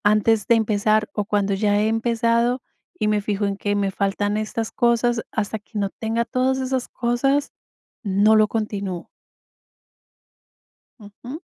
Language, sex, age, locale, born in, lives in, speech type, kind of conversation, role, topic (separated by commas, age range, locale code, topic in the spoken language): Spanish, female, 45-49, es-CO, Colombia, United States, conversation, advice, user, ¿Cómo puedo empezar una rutina si me da miedo intentarlo?